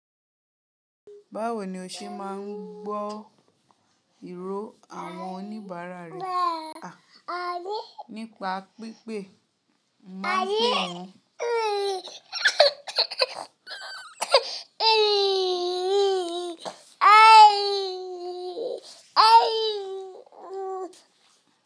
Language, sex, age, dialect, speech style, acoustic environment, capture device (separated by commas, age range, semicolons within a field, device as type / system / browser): Yoruba, female, 25-34, Nigeria - Ibadan, relaxed, people talking, mobile / Linux / Chrome